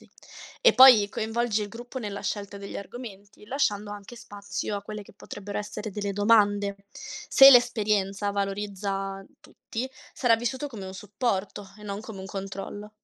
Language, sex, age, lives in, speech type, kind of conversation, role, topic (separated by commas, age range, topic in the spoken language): Italian, female, 20-24, Italy, read, expert-assistant, expert, Cosa aiuta di più a imparare il lavoro sociale: l’esperienza sul campo o i corsi teorici?